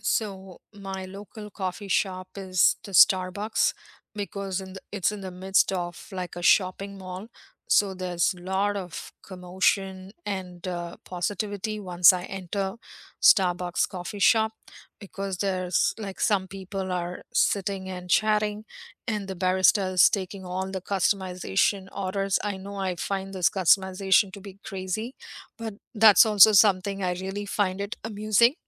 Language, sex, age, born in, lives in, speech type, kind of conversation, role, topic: English, female, 50-54, India, United States, conversation, unstructured, none, What do you love and dislike about coffee shop culture in your area, and which local spots are your favorites?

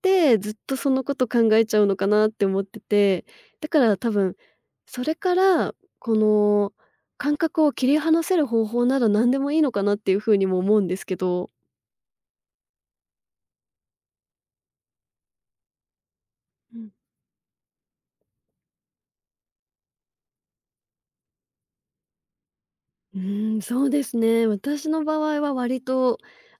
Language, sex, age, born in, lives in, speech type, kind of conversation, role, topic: Japanese, female, 35-39, Japan, Japan, conversation, podcast, guest, 寝付きが悪い夜は、どのように対処していますか？具体的な方法を教えてください？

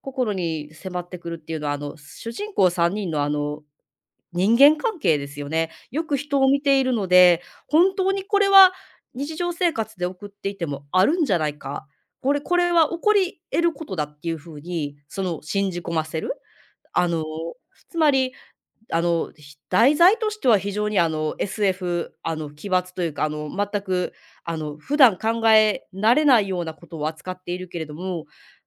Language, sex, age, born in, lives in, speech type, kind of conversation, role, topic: Japanese, female, 35-39, Japan, Japan, conversation, podcast, guest, 物語に慰められた経験はありますか？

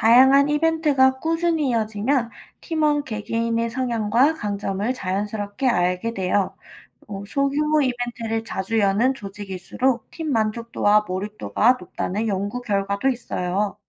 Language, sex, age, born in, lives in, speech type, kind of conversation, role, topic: Korean, female, 25-29, South Korea, United States, read, expert-assistant, expert, 말투나 억양이 다른 사람들과 소통할 때 서로 오해하지 않게 대화를 어떻게 조율할 수 있을까요?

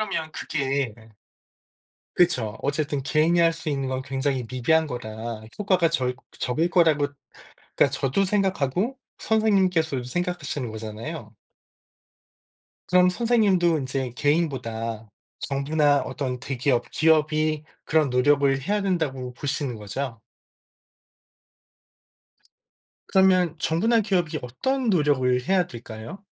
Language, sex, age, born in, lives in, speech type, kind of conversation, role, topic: Korean, male, 45-49, South Korea, United States, conversation, unstructured, none, 환경오염 문제에 대해 어떻게 생각하세요?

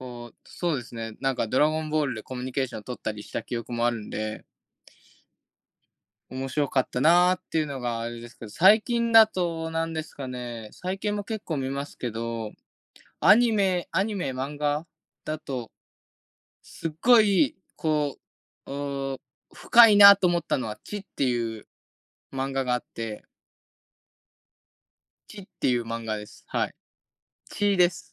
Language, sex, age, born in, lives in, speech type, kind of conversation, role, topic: Japanese, male, 20-24, Japan, Japan, conversation, unstructured, none, 好きなアニメや漫画は何ですか？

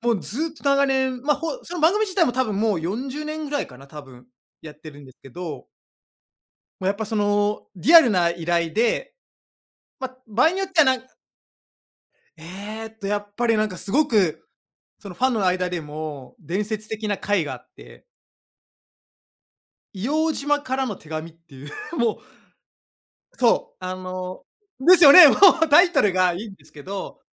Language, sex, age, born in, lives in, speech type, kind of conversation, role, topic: Japanese, male, 35-39, Japan, Japan, conversation, unstructured, none, 最近見たテレビ番組で、特に印象に残っているものは何ですか？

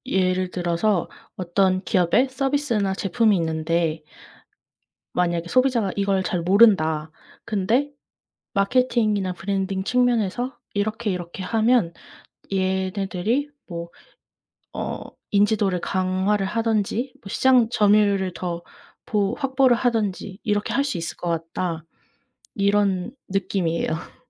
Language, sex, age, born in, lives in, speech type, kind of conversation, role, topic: Korean, female, 20-24, South Korea, United States, conversation, unstructured, none, 학교 다닐 때 가장 기억에 남는 수업은 무엇이었나요?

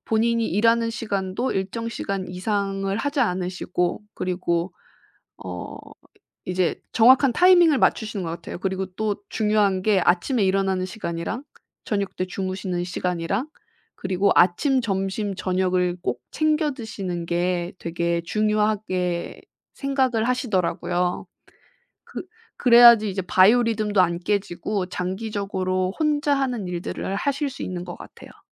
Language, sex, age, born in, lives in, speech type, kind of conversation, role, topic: Korean, female, 30-34, South Korea, Japan, conversation, advice, advisor, 일과 쉼의 경계를 만들기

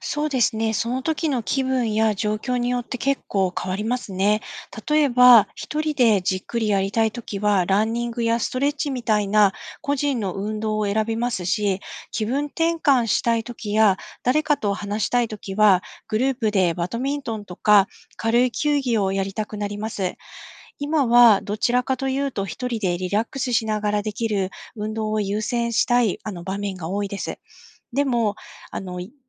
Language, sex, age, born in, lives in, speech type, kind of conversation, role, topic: Japanese, female, 45-49, Japan, Japan, read, expert-assistant, asker, チームスポーツと個人スポーツにはどんな違いがありますか？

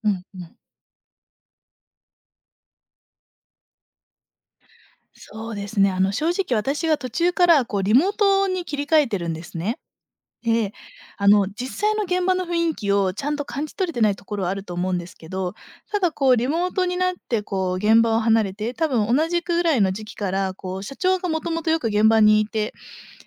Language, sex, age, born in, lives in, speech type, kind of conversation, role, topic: Japanese, female, 25-29, Japan, Japan, conversation, advice, user, チームの士気が下がっていると感じており、リーダーとしての責任の重さに悩んでいますが、どうすればよいですか？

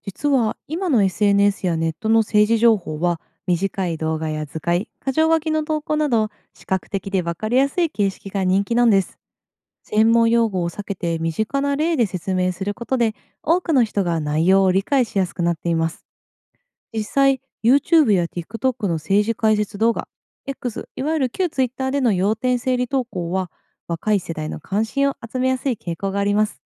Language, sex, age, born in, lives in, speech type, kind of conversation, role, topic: Japanese, female, 30-34, Japan, Japan, read, expert-assistant, expert, 若者の投票率はなぜ低いのですか？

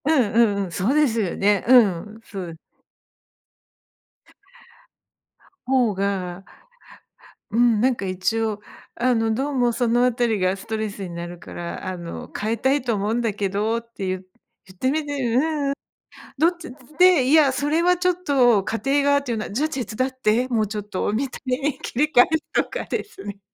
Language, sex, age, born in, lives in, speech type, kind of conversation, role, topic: Japanese, female, 50-54, Japan, Japan, conversation, advice, advisor, パートナーとの家事分担をめぐる口論が繰り返し起きるのはなぜでしょうか？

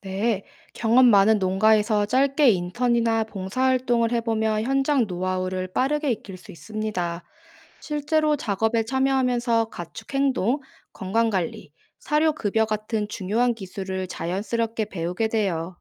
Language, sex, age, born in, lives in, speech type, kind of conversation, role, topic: Korean, female, 25-29, South Korea, South Korea, read, expert-assistant, expert, 가축을 잘 관리하는 실전 노하우를 빠르게 배우는 좋은 방법이 있을까요?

